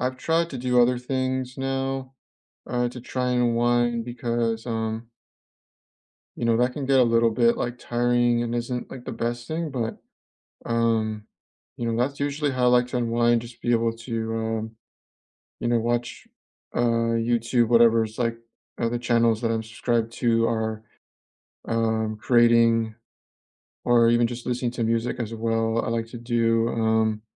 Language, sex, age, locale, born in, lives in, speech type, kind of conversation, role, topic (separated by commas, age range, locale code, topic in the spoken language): English, male, 25-29, en-US, United States, United States, conversation, unstructured, none, How do you unwind after a long day?